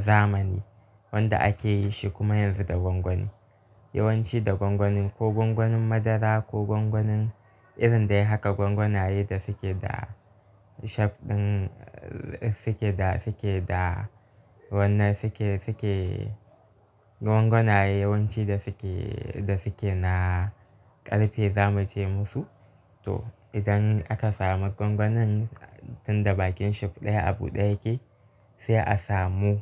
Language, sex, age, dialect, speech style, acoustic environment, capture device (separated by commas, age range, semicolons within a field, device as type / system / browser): Hausa, male, 18-24, Nigeria - Kano, happy; relaxed; focused, nature; silence, mobile / Linux / Chrome